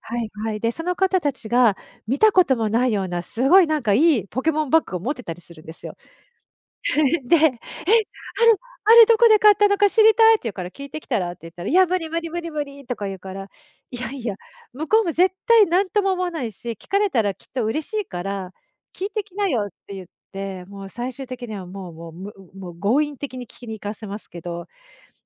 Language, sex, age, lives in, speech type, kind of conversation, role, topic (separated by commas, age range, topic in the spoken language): Japanese, female, 50-54, Japan, conversation, podcast, guest, 初対面で会話を切り出すとき、どんなふうに始めていますか？